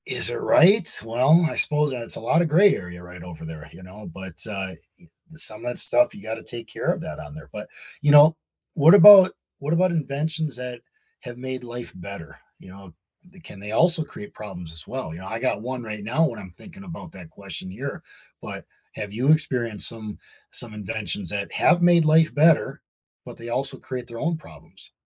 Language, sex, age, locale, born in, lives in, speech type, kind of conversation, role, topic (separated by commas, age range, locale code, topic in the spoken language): English, male, 45-49, en-US, United States, United States, conversation, unstructured, none, How do inventions change the way we live?